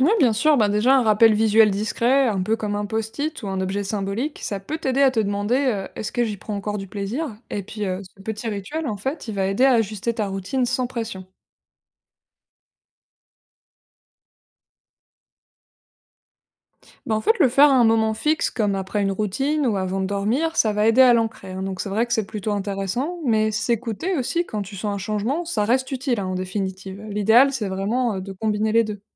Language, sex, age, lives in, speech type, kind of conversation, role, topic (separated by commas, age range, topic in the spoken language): French, female, 25-29, France, read, expert-assistant, expert, Existe-t-il une méthode qui aide à garder de bonnes habitudes santé sur le long terme, même avec un emploi du temps chargé ?